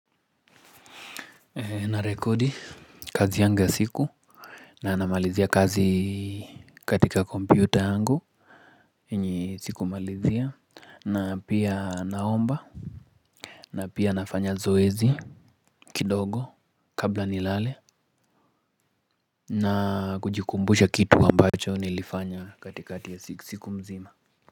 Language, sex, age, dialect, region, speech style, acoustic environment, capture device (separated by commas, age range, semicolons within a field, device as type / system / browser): Swahili, male, 25-34, Kenya - Nairobi Sheng-influenced, Kenya, focused; relaxed, nature, mobile / Linux / Chrome